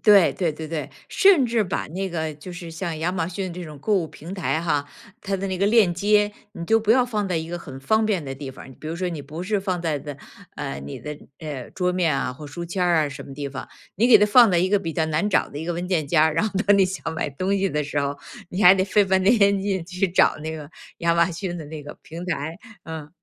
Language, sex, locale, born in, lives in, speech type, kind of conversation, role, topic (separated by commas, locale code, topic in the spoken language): Chinese, female, zh-CN, China, United States, conversation, advice, advisor, 我总是冲动消费、买完常常后悔，该怎么办？